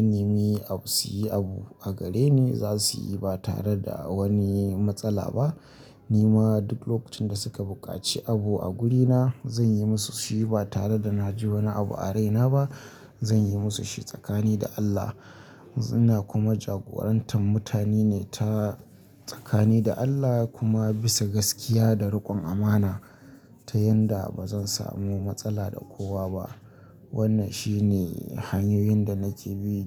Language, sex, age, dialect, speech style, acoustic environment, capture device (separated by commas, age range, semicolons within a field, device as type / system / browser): Hausa, male, 25-34, Nigeria - Kano, happy; anxious; tired; relaxed; nervous; excited; focused; stressed, people talking; music; nature; silence; traffic; construction; appliances, mobile / Linux / Chrome